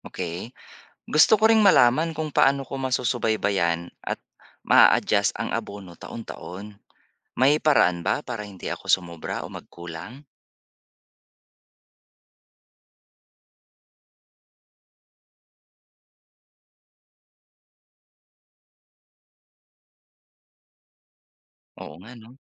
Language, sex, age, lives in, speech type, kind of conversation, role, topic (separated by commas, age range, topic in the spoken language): Filipino, male, 40-44, Philippines, read, expert-assistant, asker, Paano ko magagamit ang crop science sa pag-level up ng aking taniman?